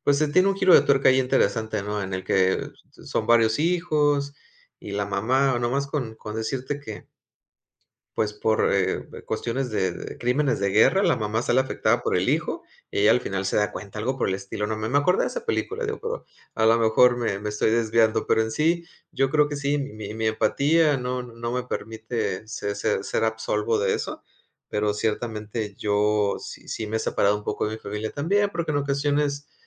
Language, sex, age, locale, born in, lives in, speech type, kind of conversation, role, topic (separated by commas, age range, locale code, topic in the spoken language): Spanish, male, 40-44, es-MX, Mexico, Mexico, conversation, unstructured, none, ¿Cómo te afecta escuchar historias de familias separadas por conflictos?